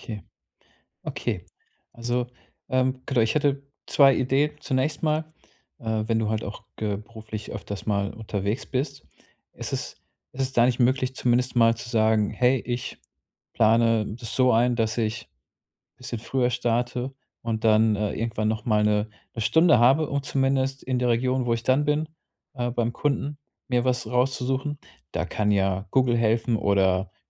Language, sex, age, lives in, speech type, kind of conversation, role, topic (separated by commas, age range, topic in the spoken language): German, male, 35-39, Germany, conversation, advice, advisor, Wie kann ich trotz Zeitmangels Urlaub machen und neue Orte entdecken?